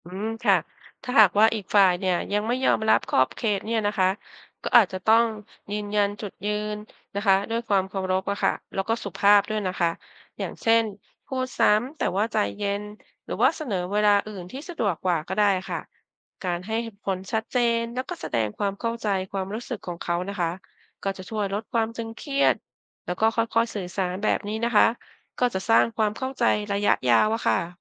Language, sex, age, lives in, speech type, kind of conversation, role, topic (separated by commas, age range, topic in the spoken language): Thai, female, 50-54, Thailand, read, expert-assistant, expert, ถ้าต้องเลือก 3 สิ่งสำคัญจากศาสนาต่าง ๆ ที่คิดว่าจะช่วยให้ชีวิตมีความหมาย คุณจะจัดอันดับข้อไหนก่อนหลัง เพราะอะไร